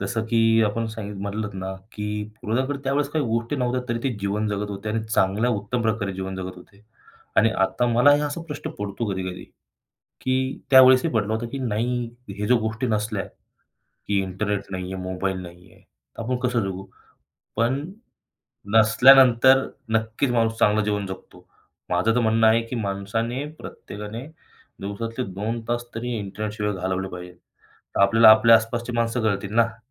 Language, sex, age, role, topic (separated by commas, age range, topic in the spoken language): Marathi, male, 25-29, guest, पूर्वजांच्या प्रवासाच्या कथांचा आपल्या आयुष्यावर किती प्रभाव पडतो?